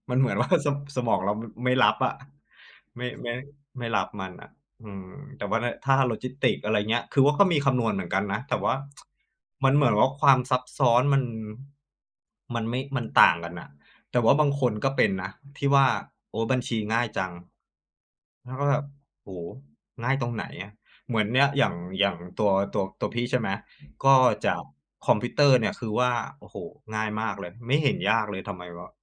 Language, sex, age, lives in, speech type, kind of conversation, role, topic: Thai, male, 35-39, Thailand, conversation, unstructured, none, การเรียนออนไลน์กับการเรียนในห้องเรียนแตกต่างกันอย่างไร?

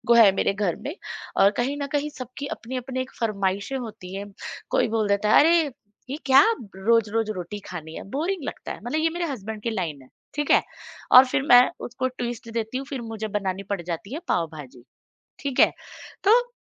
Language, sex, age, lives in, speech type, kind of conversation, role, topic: Hindi, female, 35-39, India, conversation, podcast, guest, Kya tumhare ghar mein khaana pyaar dikhane ka ek tareeka maana jaata hai, aur uska tumhari family mein kya role hai?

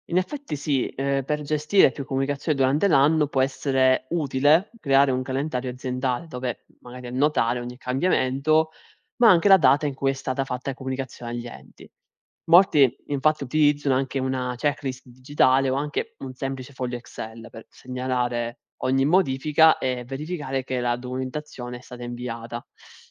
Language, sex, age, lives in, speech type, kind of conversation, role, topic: Italian, male, 20-24, Italy, read, expert-assistant, expert, Quali sono i passi fondamentali e i vantaggi nel convertire una piccola azienda agricola alla sostenibilità?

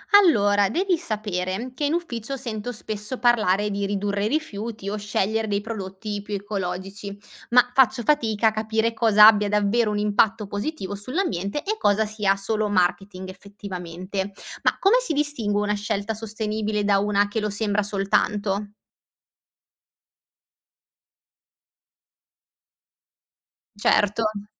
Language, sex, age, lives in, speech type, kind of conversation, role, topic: Italian, female, 25-29, Italy, read, expert-assistant, asker, Come posso capire se le mie scelte sono davvero sostenibili per l’ambiente?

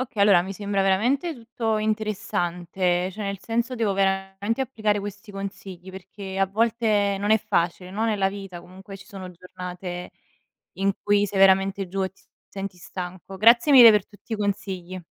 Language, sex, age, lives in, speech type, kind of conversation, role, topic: Italian, female, 25-29, Italy, read, expert-assistant, asker, In che modo la perseveranza aiuta a superare le difficoltà?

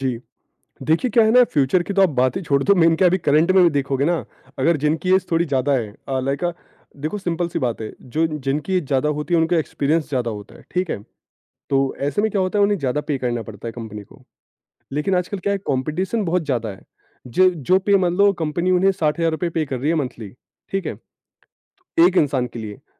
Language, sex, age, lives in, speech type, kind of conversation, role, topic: Hindi, male, 25-29, India, conversation, podcast, guest, Kya career switch mein umar waqai maayne rakhti hai?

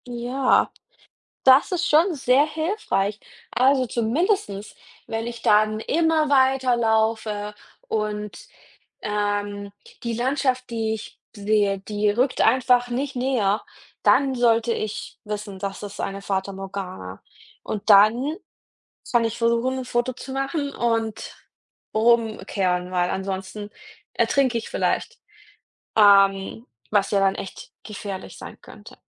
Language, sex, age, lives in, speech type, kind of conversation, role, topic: German, female, 30-34, Germany, read, expert-assistant, asker, Was ist eine Fata Morgana?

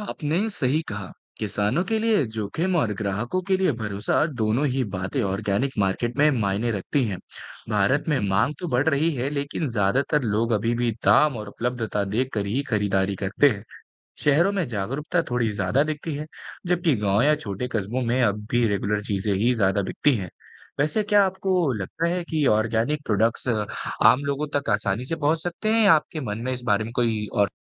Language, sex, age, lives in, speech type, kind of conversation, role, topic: Hindi, male, 18-19, India, read, expert-assistant, expert, भारत में ऑर्गेनिक खेती और सर्टिफिकेशन से जुड़े मुख्य सवाल क्या हैं?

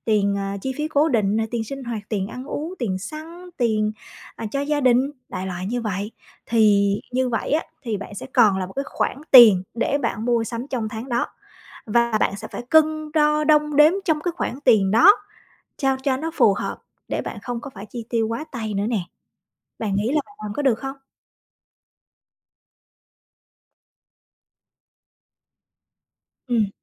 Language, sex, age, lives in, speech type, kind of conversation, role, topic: Vietnamese, female, 35-39, Vietnam, conversation, advice, advisor, Bạn thường chi tiêu vượt dự toán vì mua sắm theo cảm xúc trong những tình huống nào?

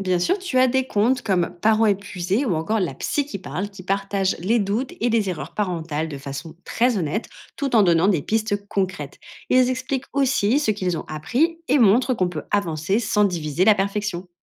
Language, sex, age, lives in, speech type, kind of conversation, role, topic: French, female, 30-34, France, read, expert-assistant, expert, Comment repérer si une information sur le développement des enfants est fiable ou simplement populaire ?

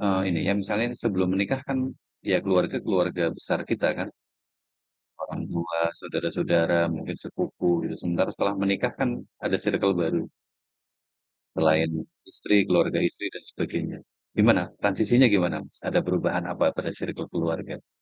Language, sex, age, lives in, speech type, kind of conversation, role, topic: Indonesian, male, 45-49, Indonesia, conversation, unstructured, none, Bagaimana Anda memutuskan apakah akan menghabiskan waktu dengan teman atau keluarga?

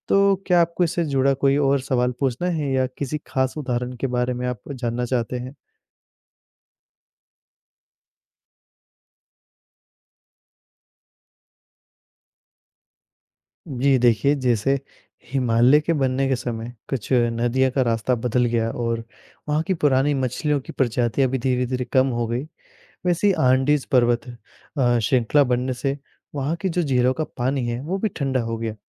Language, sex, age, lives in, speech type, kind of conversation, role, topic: Hindi, male, 20-24, India, read, expert-assistant, expert, पर्वत कैसे बनते हैं?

